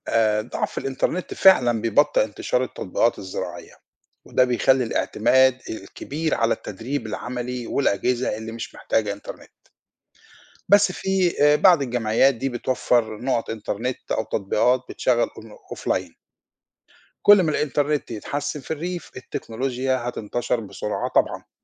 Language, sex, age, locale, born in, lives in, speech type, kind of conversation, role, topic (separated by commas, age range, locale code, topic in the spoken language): Arabic, male, 50-54, ar-EG, Egypt, Portugal, read, expert-assistant, expert, ليه التكنولوجيا الزراعية بقت مهمة جداً في زيادة إنتاج الأكل في مصر والعالم؟